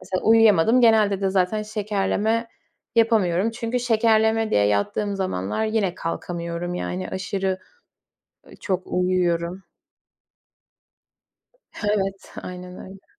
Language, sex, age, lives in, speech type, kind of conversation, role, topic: Turkish, female, 20-24, Poland, conversation, advice, user, Aşırı uyuyup sabahları zor uyanmanızın nedenleri neler olabilir?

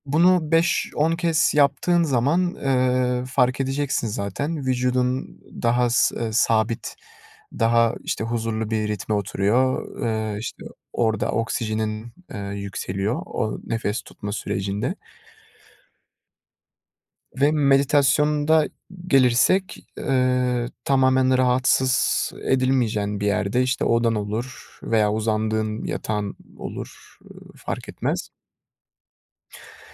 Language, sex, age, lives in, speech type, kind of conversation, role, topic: Turkish, male, 20-24, Netherlands, conversation, podcast, guest, Stresle başa çıkmak için hangi yöntemleri kullanırsın?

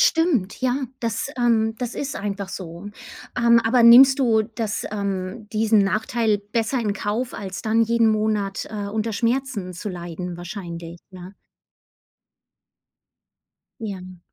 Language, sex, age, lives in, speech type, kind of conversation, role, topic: German, female, 40-44, United States, conversation, unstructured, none, Was bevorzugst du, Kaffee oder Tee, und warum?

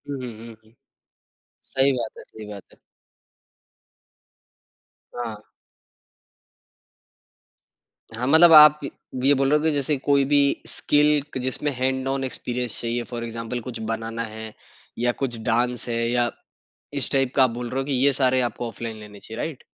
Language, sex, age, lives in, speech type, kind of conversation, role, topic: Hindi, male, 25-29, India, conversation, podcast, host, Aapko online kurs aur classroom mein se kya zyada behtar laga?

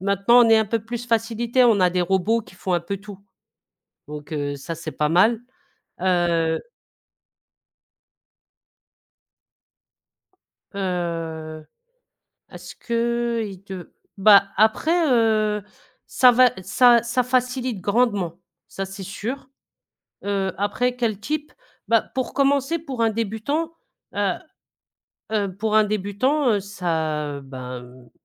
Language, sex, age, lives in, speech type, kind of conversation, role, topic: French, female, 40-44, France, conversation, podcast, guest, Quel est ton conseil pour débuter en pâtisserie ?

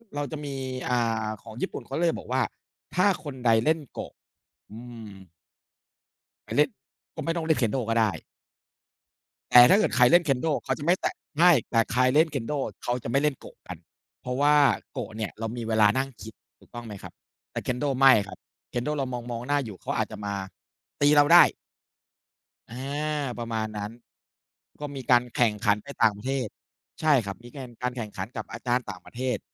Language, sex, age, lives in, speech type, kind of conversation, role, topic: Thai, male, 40-44, Thailand, conversation, unstructured, none, คุณคิดว่าการเล่นกีฬาในร่มหรือกลางแจ้งสนุกกว่ากัน?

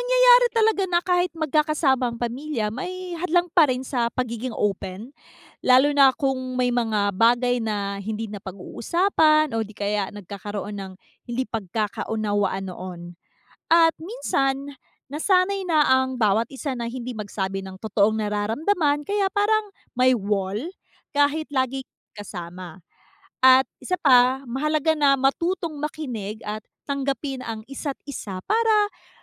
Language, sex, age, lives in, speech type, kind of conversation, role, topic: Filipino, female, 30-34, United States, read, expert-assistant, expert, Ano ang epekto ng kakulangan sa komunikasyon sa relasyon ng pamilya?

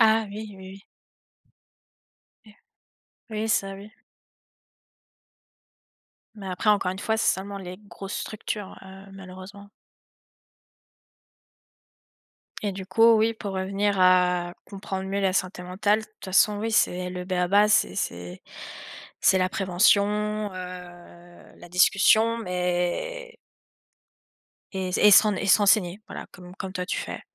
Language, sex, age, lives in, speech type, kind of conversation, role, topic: French, female, 20-24, France, conversation, unstructured, none, Qu’aimerais-tu que les autres comprennent mieux au sujet de la santé mentale ?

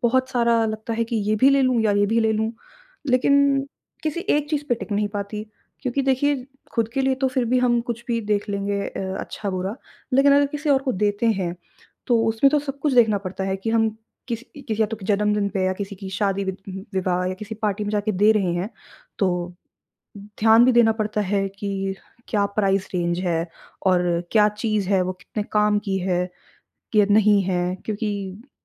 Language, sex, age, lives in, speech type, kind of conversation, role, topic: Hindi, female, 20-24, India, conversation, advice, user, खरीदारी के इतने सारे विकल्पों में मैं अभिभूत महसूस कर रहा/रही हूँ—मैं कहाँ से शुरुआत करूँ?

